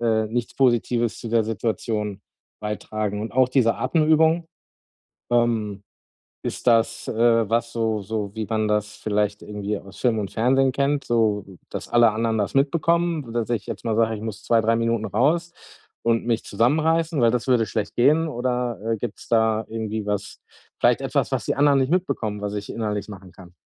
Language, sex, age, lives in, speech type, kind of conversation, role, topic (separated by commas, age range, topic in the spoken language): German, male, 40-44, Germany, conversation, advice, user, Wie kann ich bei starkem Stress ruhig und präsent bleiben?